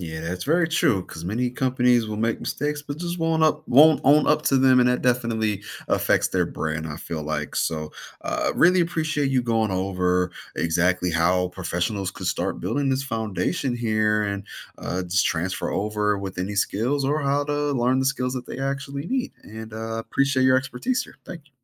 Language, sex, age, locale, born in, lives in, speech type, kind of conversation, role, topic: English, male, 35-39, en-US, United States, United States, read, expert-assistant, asker, How can non-health professionals start a career in public health?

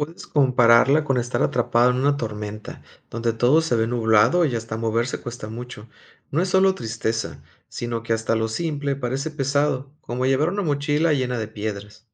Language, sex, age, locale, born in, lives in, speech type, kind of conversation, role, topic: Spanish, male, 40-44, es-MX, Mexico, Mexico, read, expert-assistant, expert, ¿Cuál sería una buena analogía para explicar la depresión a alguien que nunca la ha experimentado?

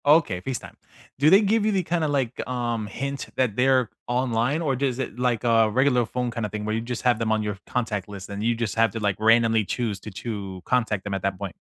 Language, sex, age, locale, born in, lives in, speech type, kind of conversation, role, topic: English, male, 35-39, en-US, United States, United States, conversation, unstructured, none, What meaningful habits help you stay close to old friends over the years?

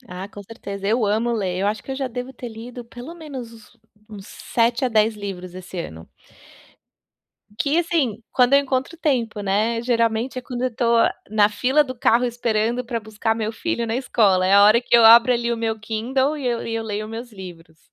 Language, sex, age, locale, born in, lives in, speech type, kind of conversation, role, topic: Portuguese, female, 35-39, pt-BR, Brazil, United States, conversation, advice, user, Como posso encontrar tempo para hobbies sem sacrificar o descanso?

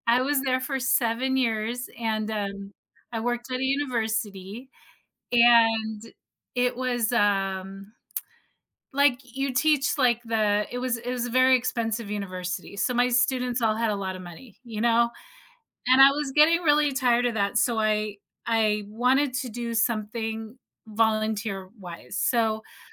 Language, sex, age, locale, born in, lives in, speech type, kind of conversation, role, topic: English, female, 45-49, en-US, United States, United States, conversation, podcast, guest, How does volunteering shape both individuals and the communities they serve?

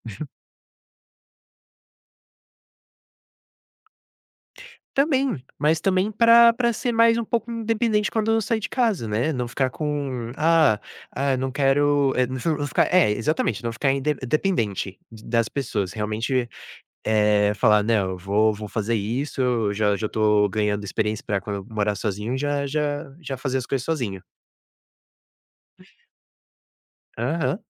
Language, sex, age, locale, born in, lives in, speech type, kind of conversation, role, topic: Portuguese, male, 20-24, pt-BR, Brazil, United States, conversation, podcast, guest, Como você divide as tarefas domésticas com quem mora com você?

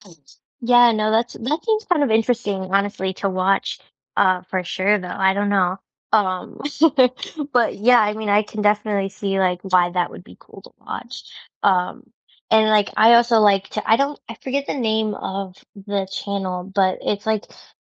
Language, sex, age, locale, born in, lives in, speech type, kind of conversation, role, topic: English, female, 25-29, en-US, United States, United States, conversation, unstructured, none, What YouTube DIY channels, tips, and takeaways have helped you learn the most?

